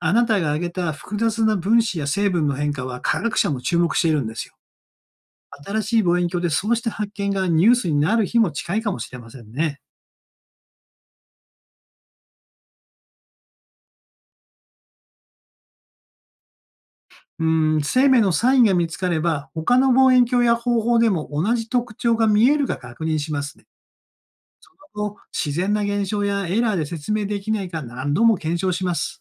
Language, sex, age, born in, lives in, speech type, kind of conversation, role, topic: Japanese, male, 60-64, Japan, Japan, read, expert-assistant, expert, 「太陽系外の惑星に水がある」とよく聞きますが、その情報はどうやって確かめているのでしょうか。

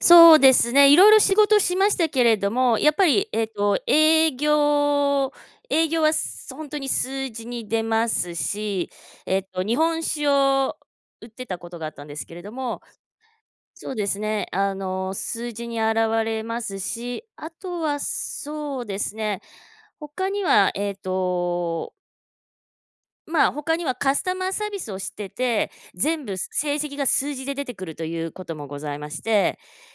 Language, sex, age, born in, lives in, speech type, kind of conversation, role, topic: Japanese, female, 45-49, Japan, United States, conversation, unstructured, none, 仕事で最もやりがいを感じた瞬間はいつですか？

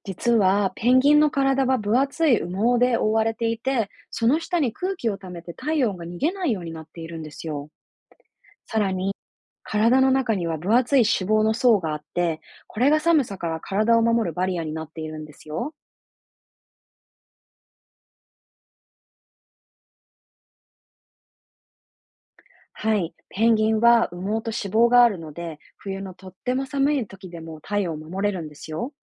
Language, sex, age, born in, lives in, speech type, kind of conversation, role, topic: Japanese, female, 30-34, Japan, Japan, read, expert-assistant, expert, ペンギンが寒い場所で生きられる秘密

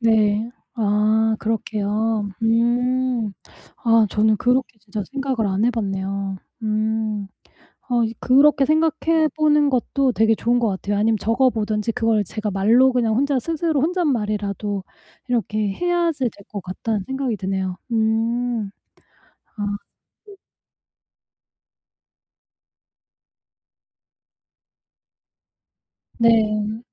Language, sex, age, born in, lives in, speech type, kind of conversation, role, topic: Korean, female, 45-49, South Korea, United States, conversation, advice, user, 일상에서 자기비판적인 목소리를 어떻게 알아차리고 다룰 수 있을까요?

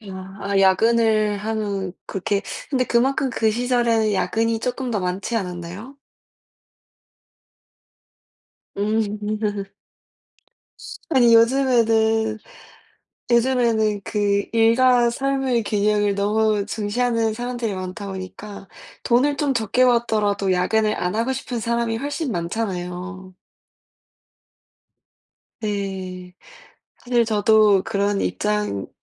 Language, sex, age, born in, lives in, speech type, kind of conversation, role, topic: Korean, female, 20-24, South Korea, United States, conversation, unstructured, none, 일과 삶의 균형을 어떻게 유지하고 계신가요?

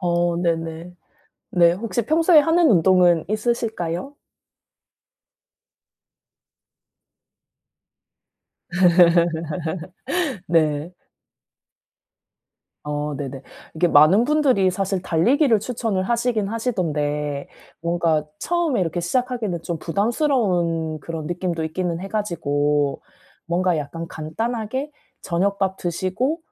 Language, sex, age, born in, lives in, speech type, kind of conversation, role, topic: Korean, female, 35-39, South Korea, South Korea, conversation, advice, advisor, 어떻게 하면 반복되는 걱정의 악순환을 멈추고 벗어날 수 있을까요?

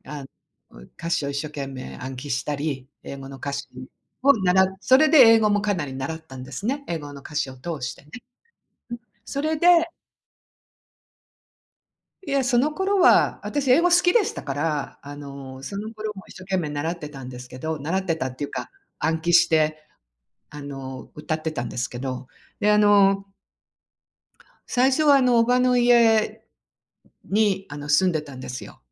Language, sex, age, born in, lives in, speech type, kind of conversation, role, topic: Japanese, female, 60-64, Japan, United States, conversation, unstructured, none, 夢を叶えるために、どのような具体的な行動をしましたか？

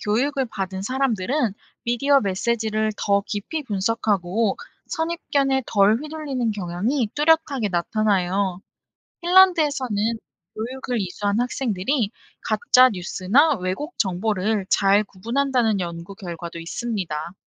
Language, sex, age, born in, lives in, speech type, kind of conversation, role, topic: Korean, female, 25-29, South Korea, South Korea, read, expert-assistant, expert, 엔터테인먼트를 많이 소비하면 우리 가치관이나 인생관에도 변화가 생길까요?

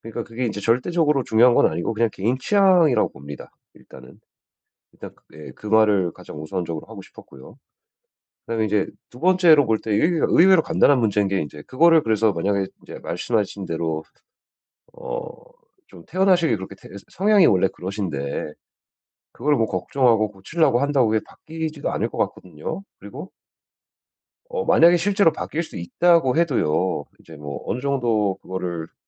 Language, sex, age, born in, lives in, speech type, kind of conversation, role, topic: Korean, male, 35-39, United States, United States, conversation, advice, advisor, 과거 연애 상처로 인한 불안과 불신을 어떻게 극복할 수 있을까요?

